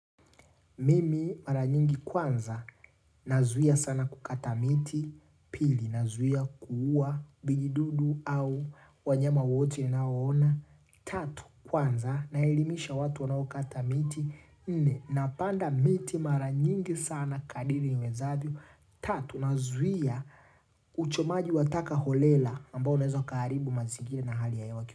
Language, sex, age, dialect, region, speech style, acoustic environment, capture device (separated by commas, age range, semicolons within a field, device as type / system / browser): Swahili, male, 25-34, Tanzania - Dar es Salaam, Tanzania, relaxed, nature, mobile / Linux / Chrome